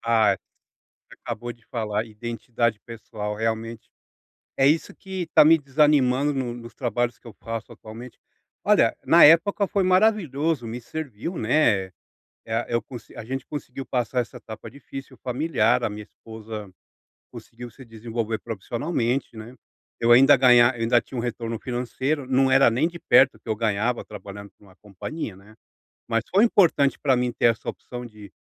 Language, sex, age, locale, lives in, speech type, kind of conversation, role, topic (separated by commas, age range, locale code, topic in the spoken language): Portuguese, male, 40-44, pt-BR, United States, conversation, advice, user, Como posso encontrar sentido e propósito novamente?